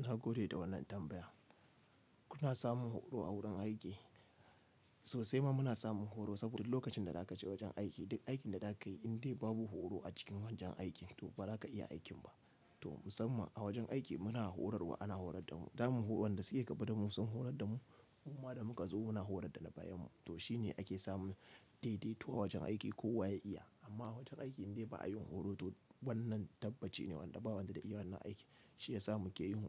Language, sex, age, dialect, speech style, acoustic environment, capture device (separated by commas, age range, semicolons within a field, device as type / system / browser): Hausa, male, 25-34, Nigeria - Kano, happy; relaxed, nature, mobile / Linux / Chrome